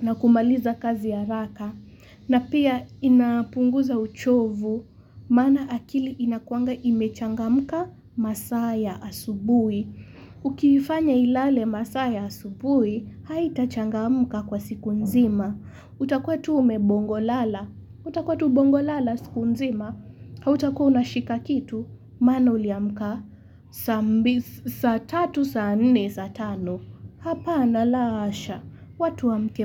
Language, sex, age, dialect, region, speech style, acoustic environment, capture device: Swahili, female, 18-24, Kenya - Mombasa, Kenya, relaxed, construction, mobile / Linux / Chrome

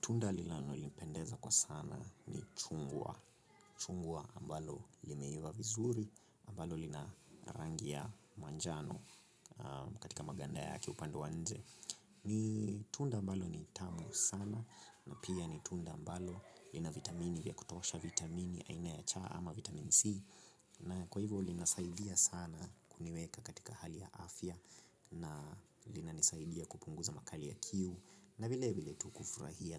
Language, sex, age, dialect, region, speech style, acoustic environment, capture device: Swahili, male, 25-34, Kenya - Nairobi Sheng-influenced, Kenya, relaxed, construction, mobile / Linux / Chrome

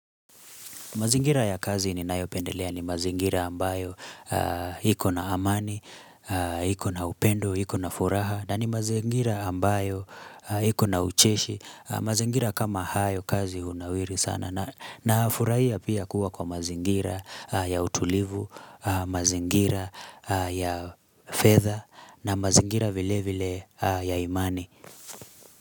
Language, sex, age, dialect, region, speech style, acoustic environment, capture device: Swahili, male, 25-34, Kenya - Nairobi Sheng-influenced, Kenya, relaxed; focused, silence, mobile / Linux / Chrome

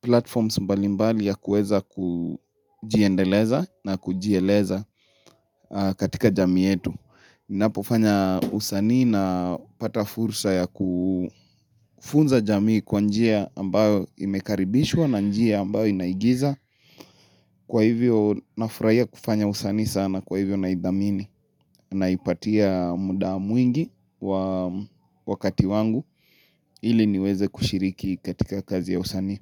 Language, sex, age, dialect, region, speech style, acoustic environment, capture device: Swahili, male, 25-34, Kenya - Nairobi Sheng-influenced, Kenya, happy; nervous; excited, silence, mobile / Linux / Chrome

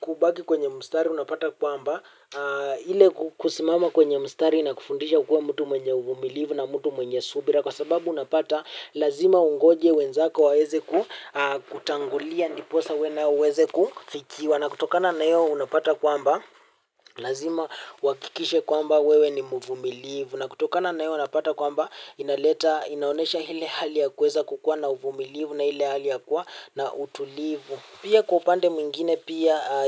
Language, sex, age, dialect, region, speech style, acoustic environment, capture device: Swahili, male, 18-24, Kenya - Mombasa, Kenya, happy, silence, mobile / Linux / Chrome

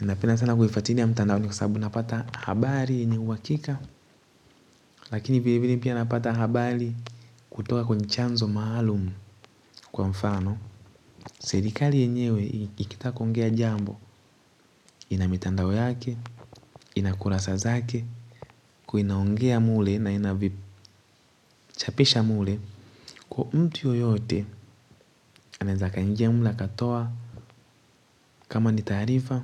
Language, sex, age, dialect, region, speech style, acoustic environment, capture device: Swahili, male, 18-24, Tanzania - Dar es Salaam, Tanzania, happy; focused, nature; silence, mobile / Linux / Chrome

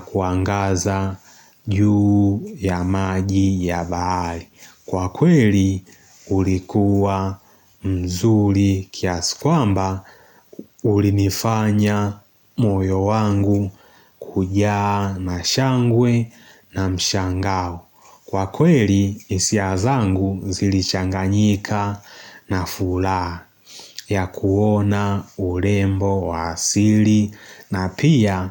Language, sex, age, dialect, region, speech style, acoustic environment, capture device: Swahili, male, 18-24, Tanzania - Dar es Salaam, Tanzania, happy; focused; excited, silence, mobile / Linux / Chrome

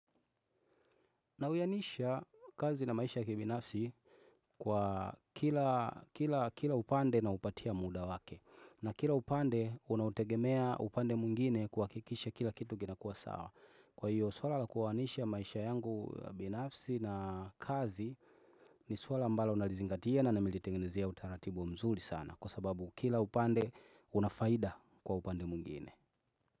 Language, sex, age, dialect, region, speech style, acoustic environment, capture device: Swahili, male, 25-34, Tanzania - Dar es Salaam, Tanzania, happy, nature, desktop / Windows / Chrome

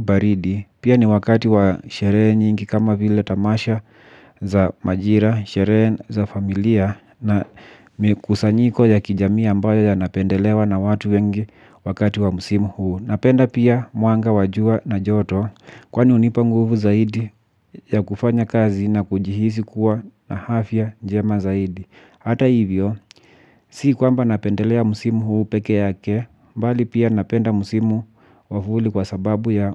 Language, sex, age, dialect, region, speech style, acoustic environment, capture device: Swahili, male, 25-34, Kenya - Nairobi Sheng-influenced, Kenya, relaxed, silence, mobile / Linux / Chrome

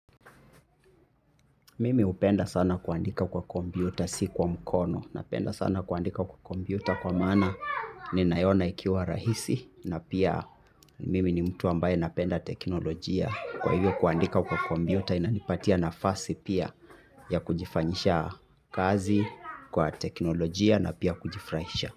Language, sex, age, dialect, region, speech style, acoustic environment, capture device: Swahili, male, 25-34, Kenya - Nairobi Sheng-influenced, Kenya, happy, people talking, mobile / Linux / Chrome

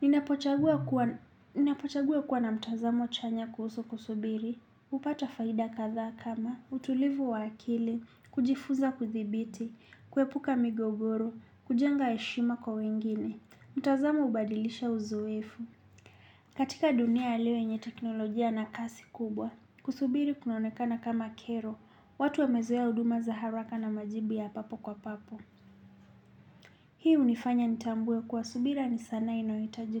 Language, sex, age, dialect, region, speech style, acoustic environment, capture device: Swahili, male, 25-34, Kenya - Nairobi Sheng-influenced, Kenya, relaxed, nature, desktop / Linux / Chrome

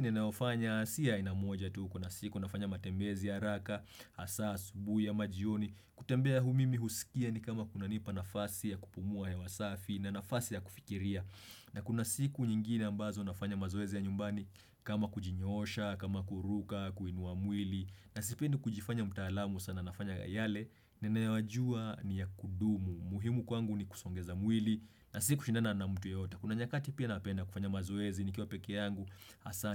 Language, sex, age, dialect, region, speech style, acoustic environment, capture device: Swahili, male, 25-34, Kenya - Nairobi Sheng-influenced, Kenya, happy; relaxed; focused; excited, silence, desktop / Windows / Chrome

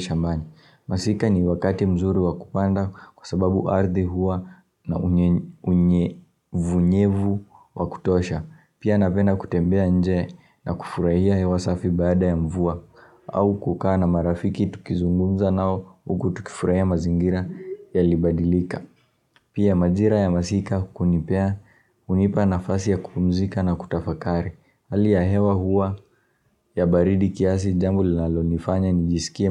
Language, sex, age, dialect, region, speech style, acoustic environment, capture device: Swahili, male, 18-24, Kenya - Nairobi Sheng-influenced, Kenya, happy, silence, mobile / Linux / Chrome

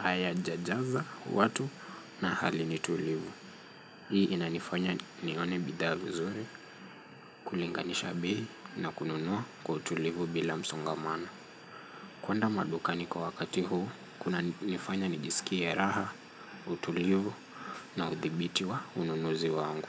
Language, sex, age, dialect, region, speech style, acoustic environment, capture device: Swahili, male, 25-34, Kenya - Nairobi Sheng-influenced, Kenya, focused, traffic; nature; music; people talking, mobile / Linux / Chrome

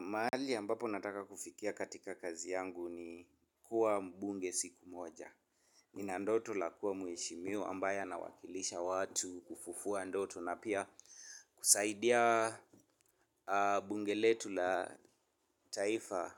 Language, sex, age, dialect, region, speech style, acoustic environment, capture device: Swahili, male, 25-34, Kenya - Nairobi Sheng-influenced, Kenya, focused, construction; nature; silence, mobile / Linux / Chrome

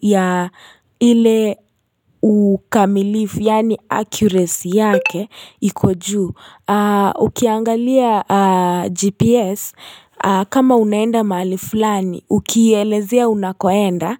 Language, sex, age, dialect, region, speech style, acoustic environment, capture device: Swahili, female, 18-24, Kenya - Nairobi Sheng-influenced, Kenya, happy; relaxed; focused; excited, silence, mobile / Linux / Chrome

